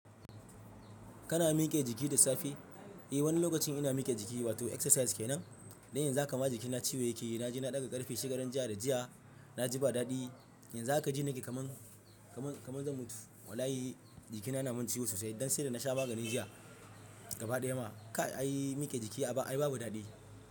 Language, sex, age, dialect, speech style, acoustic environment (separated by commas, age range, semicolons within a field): Hausa, male, 25-34, Nigeria - Ibadan, happy; relaxed, traffic; silence